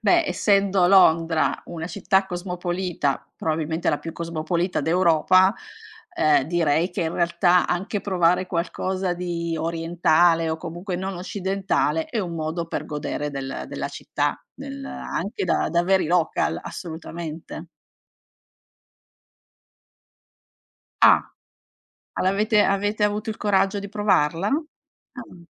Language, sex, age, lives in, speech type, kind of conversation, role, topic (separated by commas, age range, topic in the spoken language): Italian, female, 45-49, Italy, conversation, podcast, host, Raccontami di un viaggio in cui hai camminato senza meta?